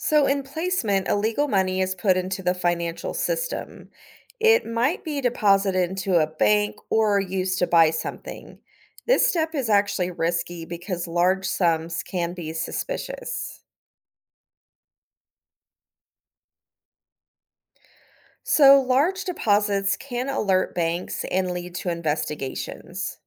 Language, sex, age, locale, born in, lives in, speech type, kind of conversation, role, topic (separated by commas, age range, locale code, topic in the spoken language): English, female, 40-44, en-US, United States, United States, read, expert-assistant, expert, How does money laundering work and why is it illegal?